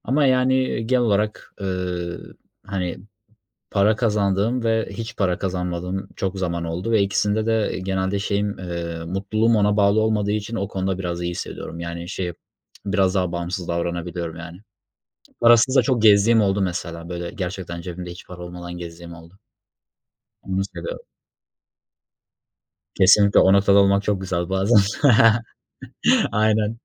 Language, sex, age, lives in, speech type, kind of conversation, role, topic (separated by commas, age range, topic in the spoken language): Turkish, male, 20-24, Germany, conversation, unstructured, none, Para yüzünden yaşadığınız en büyük hayal kırıklığı nedir?